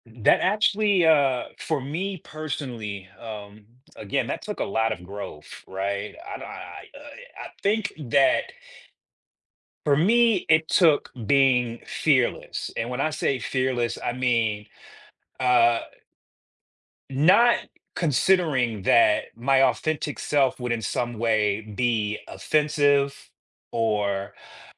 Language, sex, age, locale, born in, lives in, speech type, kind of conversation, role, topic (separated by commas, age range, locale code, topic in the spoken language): English, male, 40-44, en-US, United States, United States, conversation, podcast, guest, Why is it important to stay true to yourself, even when it’s difficult?